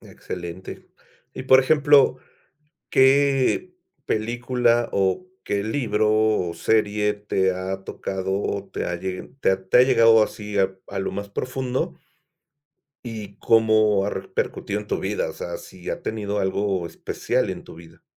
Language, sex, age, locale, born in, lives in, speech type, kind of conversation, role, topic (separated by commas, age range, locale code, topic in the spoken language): Spanish, male, 50-54, es-MX, Mexico, Mexico, conversation, podcast, host, ¿Por qué importa la representación en las películas y las series?